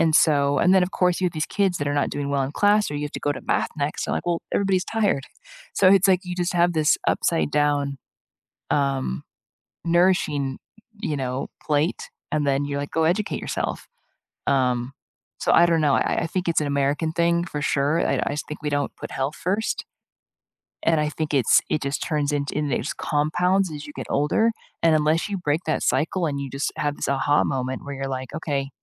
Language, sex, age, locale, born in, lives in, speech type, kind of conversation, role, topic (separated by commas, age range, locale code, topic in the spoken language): English, female, 40-44, en-US, United States, United States, conversation, unstructured, none, Do you think food advertising targets kids unfairly?